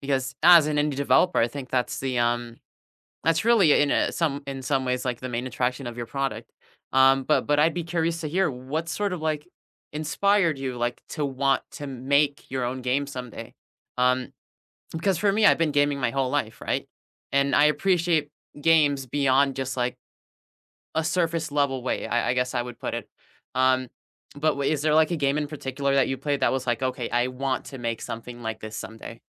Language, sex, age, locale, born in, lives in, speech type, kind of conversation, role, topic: English, male, 20-24, en-US, United States, United States, conversation, unstructured, none, What would make your long-term goals feel more fun and doable in everyday life?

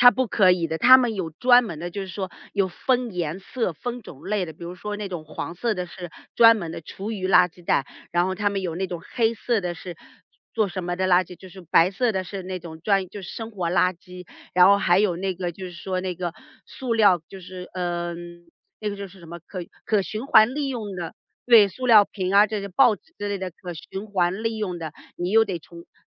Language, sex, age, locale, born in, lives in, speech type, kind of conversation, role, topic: Chinese, female, 40-44, zh-CN, China, United States, conversation, podcast, guest, 你平时怎么注意环保的小事儿？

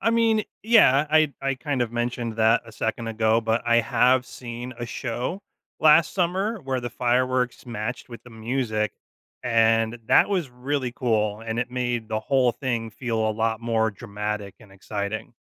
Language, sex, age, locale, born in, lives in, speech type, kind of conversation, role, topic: English, male, 35-39, en-US, United States, United States, read, expert-assistant, asker, What causes different colors in fireworks?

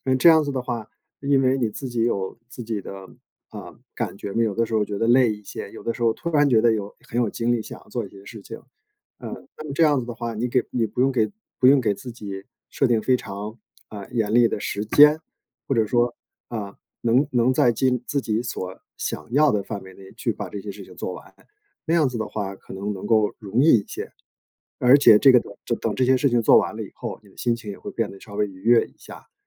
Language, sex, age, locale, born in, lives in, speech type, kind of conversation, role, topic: Chinese, male, 50-54, zh-CN, China, United States, conversation, advice, advisor, 你的周末生活为什么会变得没有规律、越来越混乱？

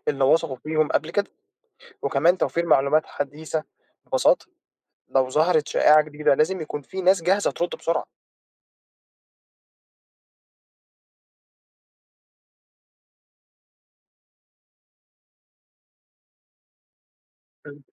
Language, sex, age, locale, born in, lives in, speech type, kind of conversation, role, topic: Arabic, male, 20-24, ar-EG, Egypt, Egypt, read, expert-assistant, asker, ممكن تديني مثال من الحياة الواقعية إزاي الثقافة بتأثر على علاج الأمراض أو التعامل معاها؟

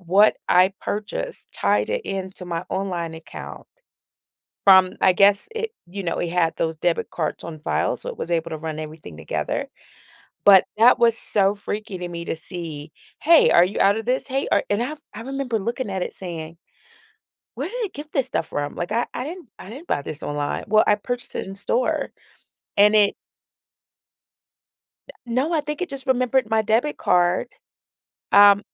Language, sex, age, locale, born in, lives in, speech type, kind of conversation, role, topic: English, female, 40-44, en-US, United States, United States, conversation, podcast, host, How might advances in technology reshape the way we live and interact in the coming years?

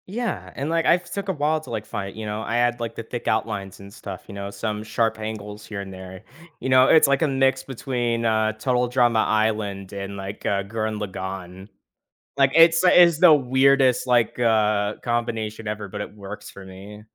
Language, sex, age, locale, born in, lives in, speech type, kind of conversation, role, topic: English, male, 18-19, en-US, United States, United States, conversation, podcast, host, What helps you decide when it’s time to stop working on a creative project?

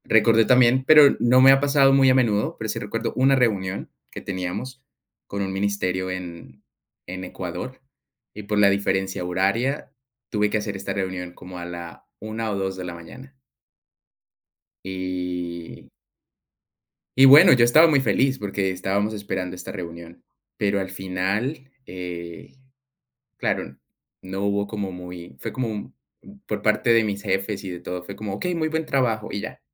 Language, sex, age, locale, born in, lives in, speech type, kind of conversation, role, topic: Spanish, male, 25-29, es-CO, Colombia, Netherlands, conversation, unstructured, none, ¿Crees que las horas extra deberían ser obligatorias?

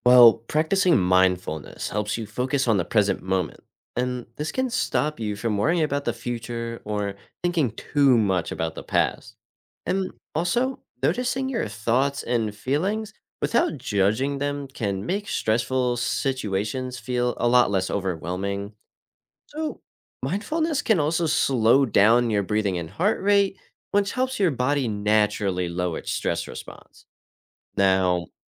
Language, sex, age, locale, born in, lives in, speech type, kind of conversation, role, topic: English, male, 18-19, en-US, United States, United States, read, expert-assistant, expert, How can practicing mindfulness reduce stress?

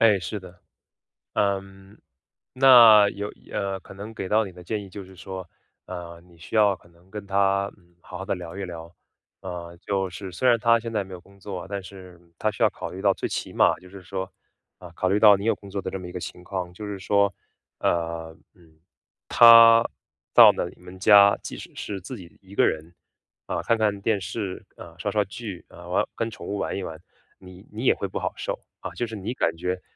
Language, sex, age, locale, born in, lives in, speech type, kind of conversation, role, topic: Chinese, male, 30-34, zh-CN, China, United States, conversation, advice, advisor, 如何在朋友之间设立界限而不伤害对方？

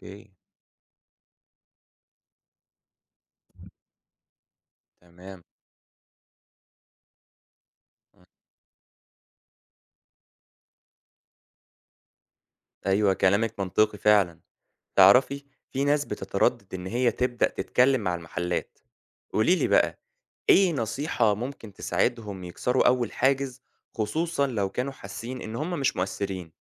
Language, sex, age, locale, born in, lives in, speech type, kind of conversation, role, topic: Arabic, male, 25-29, ar-EG, Egypt, Egypt, read, expert-assistant, asker, إيه أفضل الطرق لتنظيم حملات توعية بيئية في الحي أو المجتمع بحيث يكون ليها تأثير فعلي ومستدام؟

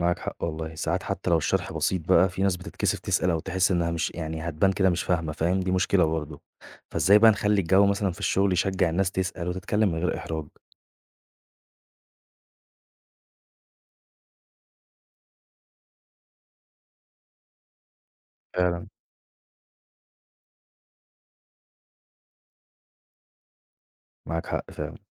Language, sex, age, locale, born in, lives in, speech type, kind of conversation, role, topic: Arabic, male, 20-24, ar-EG, Egypt, Egypt, read, expert-assistant, asker, إزاي ممكن نعيد تصميم طريقة التواصل بين المديرين وفرقهم في الشغل علشان تكون أكتر فاعلية وتفاهم؟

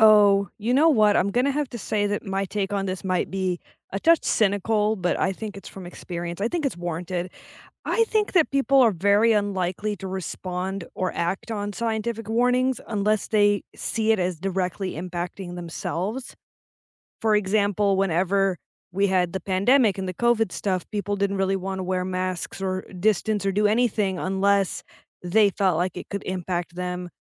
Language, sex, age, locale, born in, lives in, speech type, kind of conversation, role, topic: English, female, 25-29, en-US, United States, United States, conversation, unstructured, none, How can people be persuaded to act on scientific warnings?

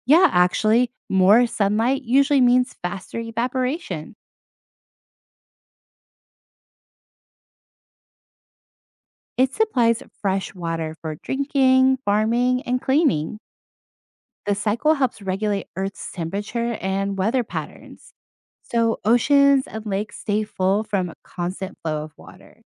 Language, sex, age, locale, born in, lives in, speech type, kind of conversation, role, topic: English, female, 30-34, en-US, United States, United States, read, expert-assistant, expert, How does the water cycle work?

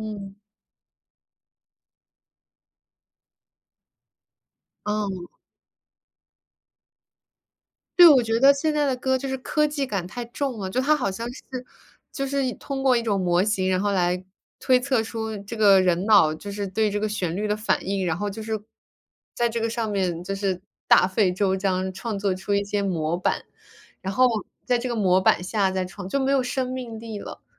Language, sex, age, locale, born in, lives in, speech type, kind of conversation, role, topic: Chinese, female, 25-29, zh-CN, United States, United States, conversation, unstructured, none, 传统乐器在流行音乐中如何焕发新的生机？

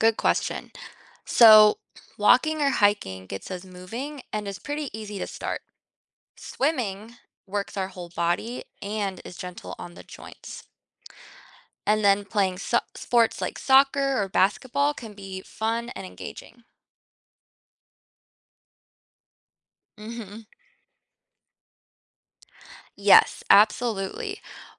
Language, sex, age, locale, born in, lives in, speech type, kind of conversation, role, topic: English, female, 25-29, en-US, United States, United States, read, expert-assistant, expert, What are the benefits of outdoor activities for health?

